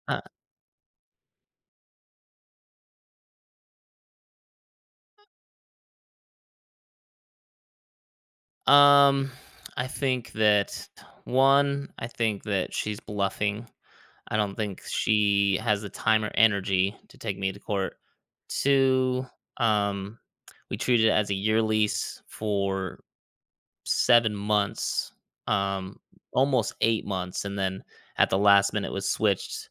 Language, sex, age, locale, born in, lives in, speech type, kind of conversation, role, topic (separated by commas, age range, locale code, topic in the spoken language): English, male, 35-39, en-US, United States, United States, conversation, advice, user, What should I do about a disagreement with my close friend?